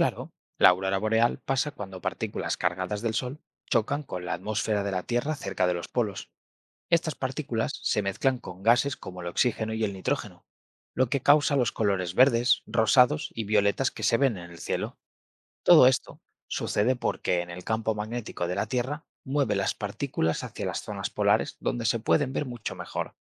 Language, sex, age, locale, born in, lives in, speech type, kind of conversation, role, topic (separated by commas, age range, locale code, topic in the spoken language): Spanish, male, 25-29, es-ES, Spain, Spain, read, expert-assistant, expert, ¿Cómo se produce la aurora boreal?